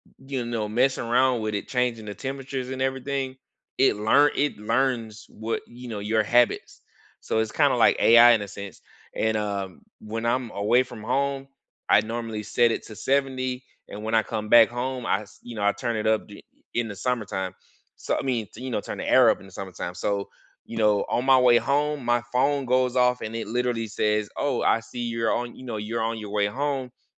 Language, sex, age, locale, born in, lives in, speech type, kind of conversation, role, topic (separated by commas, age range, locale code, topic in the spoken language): English, male, 35-39, en-US, United States, United States, conversation, unstructured, none, Which small, budget-friendly energy savers and comfort upgrades have actually made your home cozier and cheaper to run?